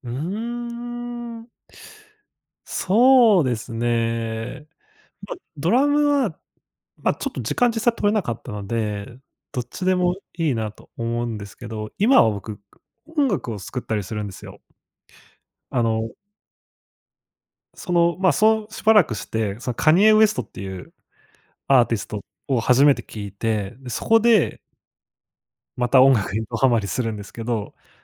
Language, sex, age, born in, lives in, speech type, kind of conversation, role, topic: Japanese, male, 25-29, Japan, Japan, conversation, podcast, guest, 音楽に目覚めたきっかけは何ですか？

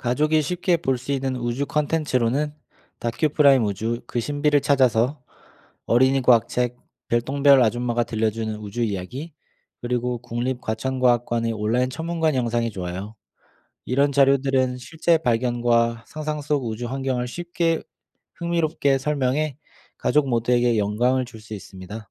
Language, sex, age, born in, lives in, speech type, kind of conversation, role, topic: Korean, male, 30-34, South Korea, Germany, read, expert-assistant, expert, 우주의 신비로운 현상을 쉽게 경험할 수 있는 새로운 체험 방법이나 아이디어가 있을까요?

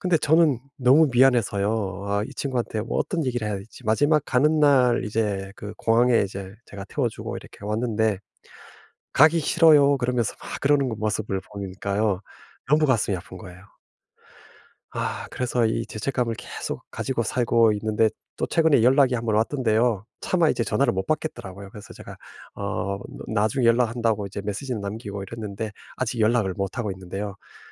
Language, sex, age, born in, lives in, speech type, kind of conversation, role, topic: Korean, male, 50-54, South Korea, United States, conversation, advice, user, 실수한 뒤에 자책을 멈추려면 어떻게 해야 하나요?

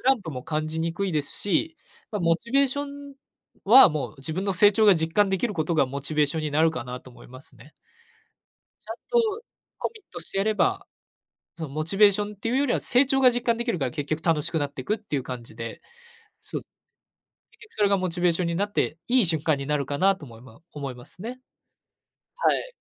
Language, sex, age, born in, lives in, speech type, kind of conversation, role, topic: Japanese, male, 20-24, Japan, Japan, conversation, podcast, guest, 自分の成長をどうやって実感してる？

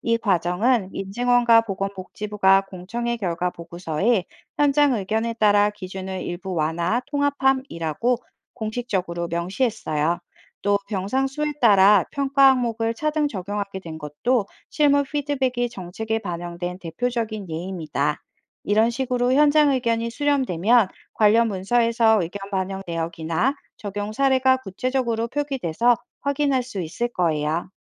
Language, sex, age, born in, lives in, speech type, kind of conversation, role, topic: Korean, female, 40-44, South Korea, France, read, expert-assistant, expert, 의료행정 정보와 현장 의견이 실제 정책에 어떻게 반영되나요?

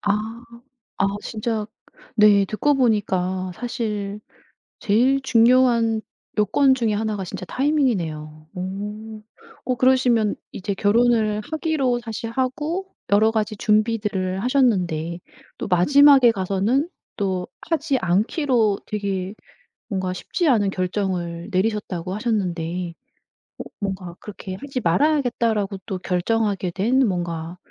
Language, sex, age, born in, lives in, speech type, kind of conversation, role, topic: Korean, female, 55-59, South Korea, South Korea, conversation, podcast, host, 사실 결혼을 할지 말지를 어떻게 결정하시나요?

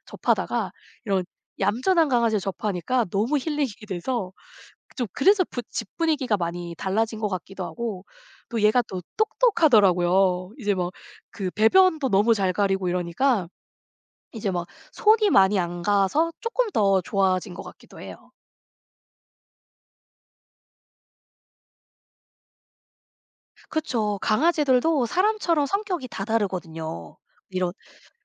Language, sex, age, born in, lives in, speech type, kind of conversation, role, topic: Korean, female, 40-44, South Korea, United States, conversation, podcast, guest, 우연한 만남이 큰 변화를 만들어 준 적이 있나요?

